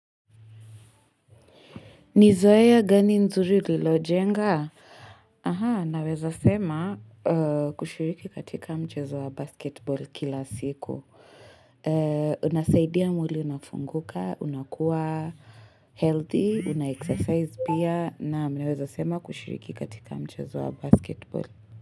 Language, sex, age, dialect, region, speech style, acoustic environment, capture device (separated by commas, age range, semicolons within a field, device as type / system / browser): Swahili, female, 18-24, Kenya - Nairobi Sheng-influenced, Kenya, relaxed, appliances, mobile / Linux / Chrome